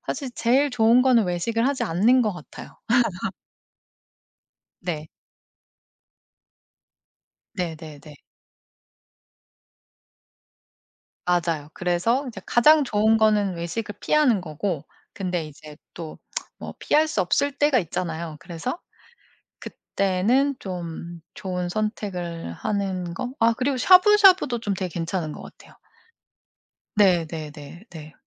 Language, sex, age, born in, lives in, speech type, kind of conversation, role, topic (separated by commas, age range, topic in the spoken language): Korean, female, 40-44, South Korea, United States, conversation, podcast, guest, 외식할 때 더 건강하게 메뉴를 고르는 방법은 무엇인가요?